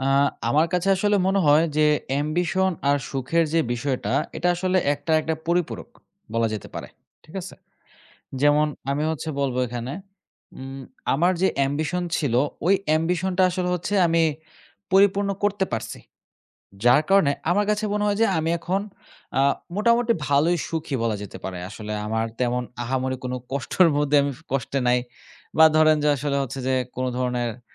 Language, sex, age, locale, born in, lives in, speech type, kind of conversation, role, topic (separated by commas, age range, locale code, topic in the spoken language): Bengali, male, 20-24, bn-BD, Bangladesh, Bangladesh, conversation, podcast, guest, তুমি কীভাবে তোমার উচ্চাকাঙ্ক্ষা আর নিজের সুখের মধ্যে সমন্বয় করো?